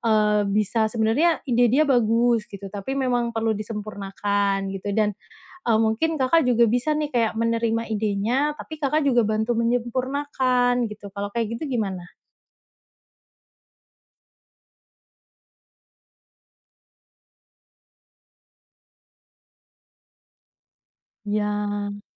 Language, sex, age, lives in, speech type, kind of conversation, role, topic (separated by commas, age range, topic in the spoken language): Indonesian, female, 30-34, Indonesia, conversation, advice, advisor, Bagaimana cara menyeimbangkan keinginan pribadi dengan harapan kelompok?